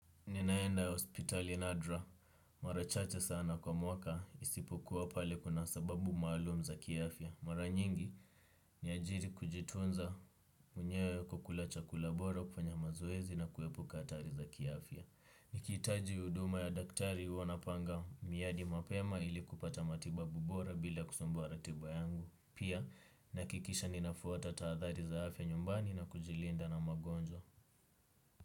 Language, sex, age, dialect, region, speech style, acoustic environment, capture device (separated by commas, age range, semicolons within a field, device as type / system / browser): Swahili, male, 18-24, Kenya - Nairobi Sheng-influenced, Kenya, relaxed, silence; nature, desktop / Windows / Chrome